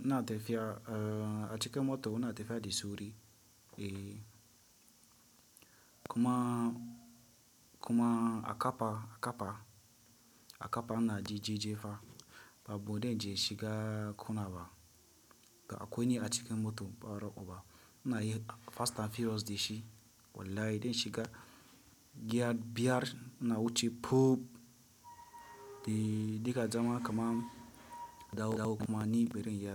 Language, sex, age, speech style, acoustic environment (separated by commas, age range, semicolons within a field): Hausa, male, 18-24, relaxed; stressed, silence